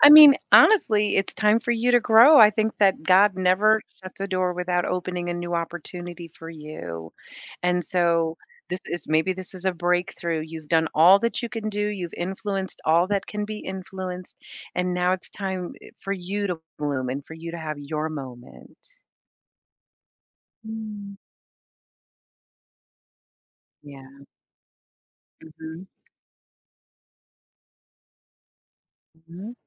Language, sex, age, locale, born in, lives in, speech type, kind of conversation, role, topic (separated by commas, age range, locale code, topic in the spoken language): English, female, 55-59, en-US, United States, United States, conversation, podcast, host, What helps you maintain your drive and focus when work gets difficult?